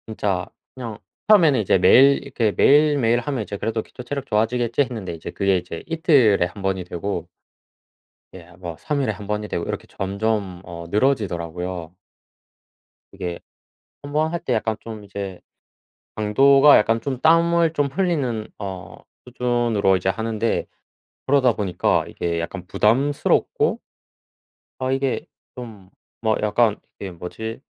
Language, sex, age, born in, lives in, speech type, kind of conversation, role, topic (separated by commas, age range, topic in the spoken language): Korean, male, 25-29, South Korea, South Korea, conversation, unstructured, none, 운동할 때 가장 중요하게 생각하는 점은 무엇인가요?